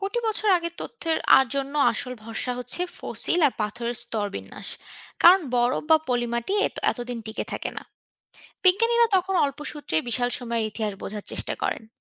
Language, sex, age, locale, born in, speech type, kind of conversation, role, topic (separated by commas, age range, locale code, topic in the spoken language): Bengali, female, 25-29, bn-BD, Bangladesh, read, expert-assistant, expert, জীবাশ্ম আমাদের কী শেখায়—জীবন আসলে কতটা বদলেছে পৃথিবীতে?